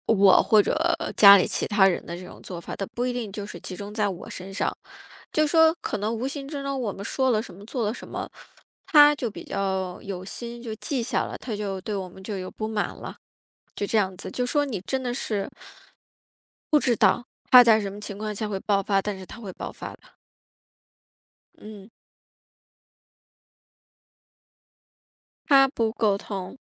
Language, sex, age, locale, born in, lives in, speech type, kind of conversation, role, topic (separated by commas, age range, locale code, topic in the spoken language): Chinese, female, 35-39, zh-CN, China, United States, conversation, advice, user, 为什么我们每次争执时都会回避深入沟通并解决问题？